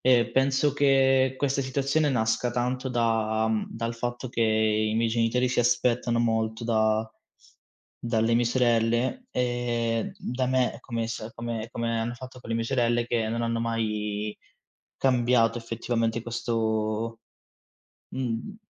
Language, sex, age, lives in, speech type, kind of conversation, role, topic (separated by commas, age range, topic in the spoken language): Italian, male, 25-29, Italy, conversation, advice, user, Come posso gestire l’ansia per le aspettative dei miei genitori sui miei risultati?